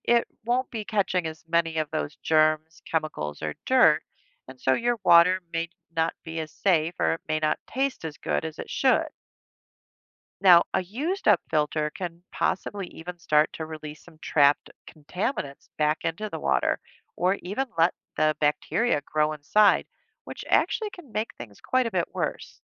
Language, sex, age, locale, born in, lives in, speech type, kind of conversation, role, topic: English, female, 55-59, en-US, United States, United States, read, expert-assistant, expert, How does a water filter clean dirty water?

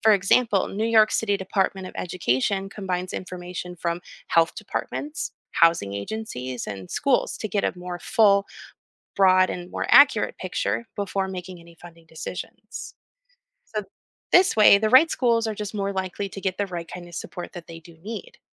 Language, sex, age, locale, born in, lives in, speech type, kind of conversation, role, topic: English, female, 30-34, en-US, United States, United States, read, expert-assistant, expert, How can technology help reduce urban social inequality?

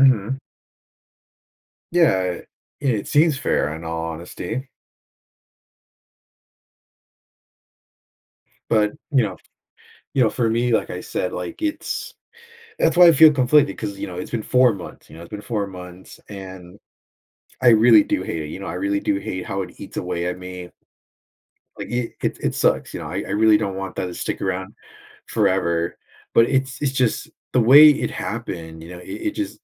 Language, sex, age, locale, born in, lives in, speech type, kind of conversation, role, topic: English, male, 20-24, en-US, United States, United States, conversation, advice, user, How do I decide whether to confront someone who hurt me?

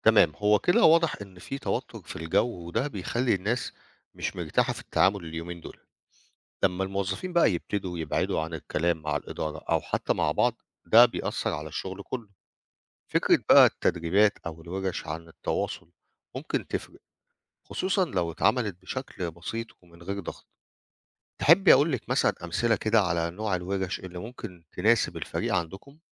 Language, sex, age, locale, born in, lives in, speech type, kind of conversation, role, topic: Arabic, male, 50-54, ar-EG, Egypt, Portugal, read, expert-assistant, expert, إزاي الإدارة تقدر تحسن جو الشغل وتخلي الفريق مرتاح؟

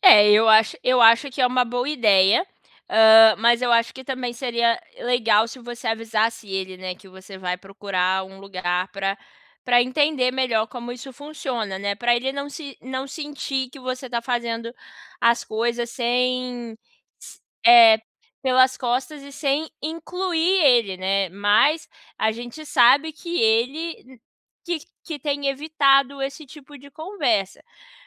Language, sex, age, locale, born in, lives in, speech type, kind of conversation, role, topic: Portuguese, female, 25-29, pt-BR, Brazil, United States, conversation, advice, advisor, Como posso conversar sobre finanças e objetivos futuros?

